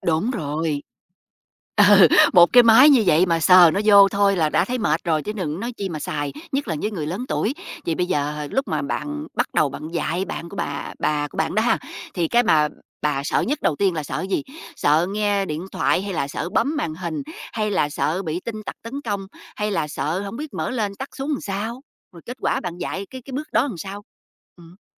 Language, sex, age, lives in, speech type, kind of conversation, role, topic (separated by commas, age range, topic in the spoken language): Vietnamese, female, 45-49, United States, conversation, podcast, host, Bạn đã bao giờ dạy người lớn tuổi dùng điện thoại thông minh chưa, và bạn có thể chia sẻ kinh nghiệm của mình không?